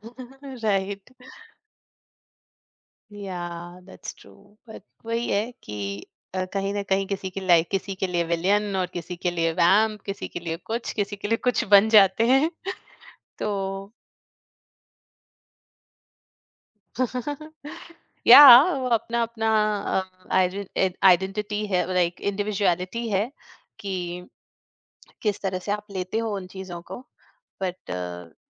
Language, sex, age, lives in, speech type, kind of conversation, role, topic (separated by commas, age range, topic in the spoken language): Hindi, female, 45-49, India, conversation, podcast, guest, Kaunsi film tumhe sabse zyada real life se door le jaa kar escape karne mein madad karti hai?